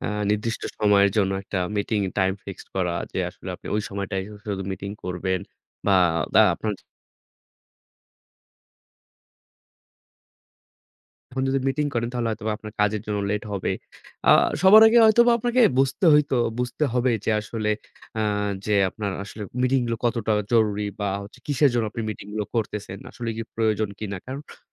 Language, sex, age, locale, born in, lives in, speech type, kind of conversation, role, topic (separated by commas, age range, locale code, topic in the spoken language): Bengali, male, 25-29, bn-BD, Bangladesh, Bangladesh, conversation, advice, advisor, অবিরাম সভা ও ফোনকলের কারণে আপনার গভীরভাবে কাজ করার জন্য কি পর্যাপ্ত সময় থাকছে না?